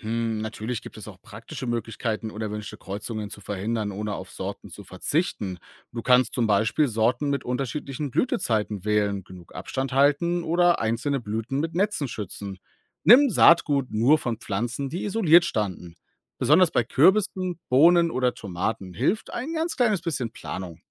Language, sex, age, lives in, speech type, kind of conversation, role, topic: German, male, 35-39, Germany, read, expert-assistant, expert, Ich höre oft vom Begriff „Sortenvielfalt“ im Pflanzenbau. Was ist damit wirklich gemeint, und warum ist das manchen so wichtig?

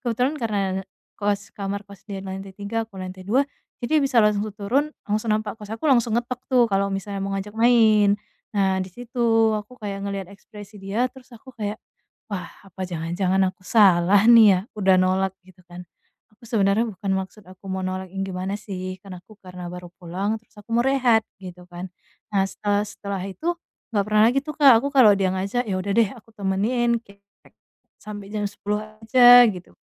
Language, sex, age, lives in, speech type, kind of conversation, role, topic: Indonesian, female, 25-29, Indonesia, conversation, advice, user, Bagaimana cara mengatakan tidak kepada teman tanpa merasa bersalah atau membuat mereka sakit hati?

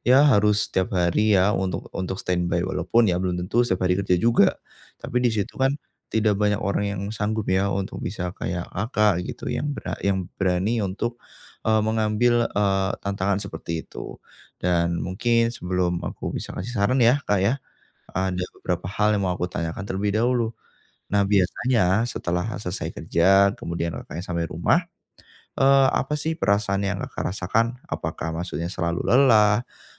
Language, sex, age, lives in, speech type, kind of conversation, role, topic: Indonesian, male, 25-29, Indonesia, conversation, advice, advisor, Kenapa saya sulit rileks dan bersantai di rumah?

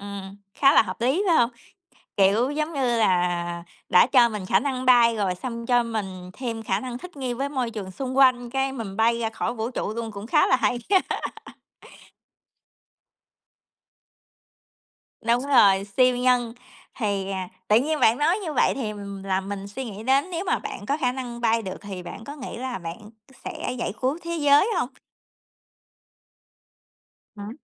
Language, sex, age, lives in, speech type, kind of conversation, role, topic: Vietnamese, female, 30-34, United States, conversation, unstructured, none, Bạn muốn có khả năng bay như chim hay bơi như cá?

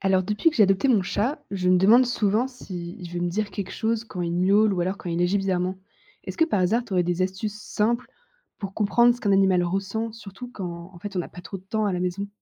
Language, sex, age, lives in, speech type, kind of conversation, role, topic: French, female, 20-24, France, read, expert-assistant, asker, Quelles sont les meilleures astuces pour comprendre rapidement ce dont un animal a besoin ?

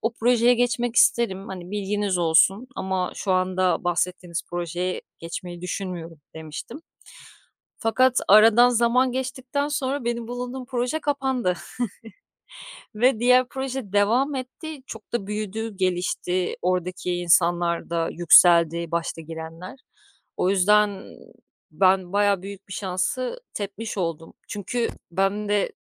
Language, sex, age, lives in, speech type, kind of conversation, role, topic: Turkish, female, 35-39, Greece, conversation, podcast, guest, Şans ve hazırlık bir araya geldiğinde başına gelenlere bir örnek verebilir misin?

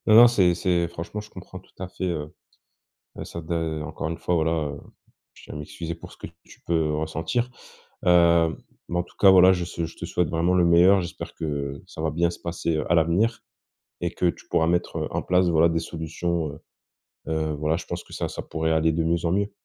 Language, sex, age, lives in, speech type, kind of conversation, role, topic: French, male, 25-29, France, conversation, advice, advisor, Pression d'adhérer aux normes sociales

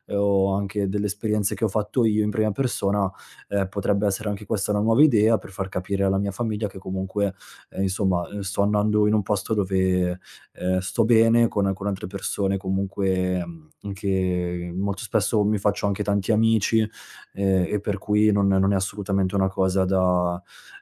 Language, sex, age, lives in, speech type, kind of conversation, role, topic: Italian, male, 30-34, Italy, conversation, advice, user, Come posso gestire le critiche dei parenti sulle mie scelte di vita e il senso di giudizio continuo che mi provocano?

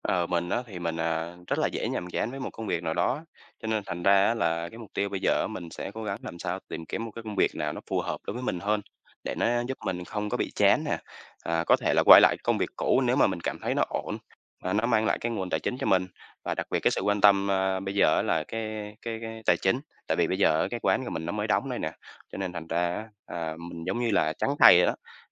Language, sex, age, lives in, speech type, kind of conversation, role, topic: Vietnamese, male, 25-29, Vietnam, conversation, advice, user, Làm thế nào để lấy lại động lực và bắt đầu lại sau một sai lầm lớn?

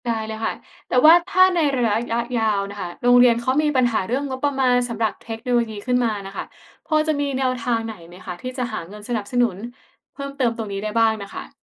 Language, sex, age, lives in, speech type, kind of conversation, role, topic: Thai, female, 25-29, Thailand, read, expert-assistant, asker, ถ้าต้องวางแผนให้โรงเรียนใช้เทคโนโลยีมากขึ้น ควรเริ่มจากเรื่องไหนก่อน?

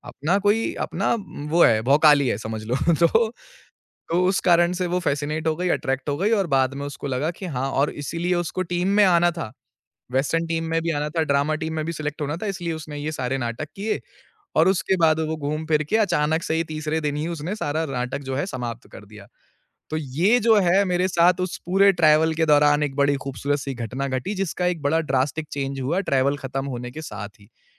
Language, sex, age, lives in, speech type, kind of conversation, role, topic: Hindi, male, 25-29, India, conversation, podcast, guest, Kya aapke safar ke dauraan kabhi aisi situation hui hai jisne aapki zindagi badal di, aur phir kya hua?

